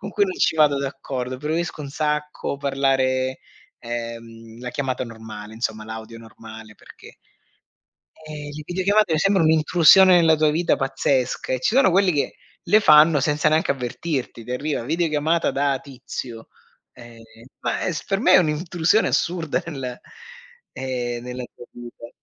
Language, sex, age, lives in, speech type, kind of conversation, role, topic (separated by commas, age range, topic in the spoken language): Italian, male, 40-44, Germany, conversation, unstructured, none, Cosa pensi dell’importanza della famiglia oggi?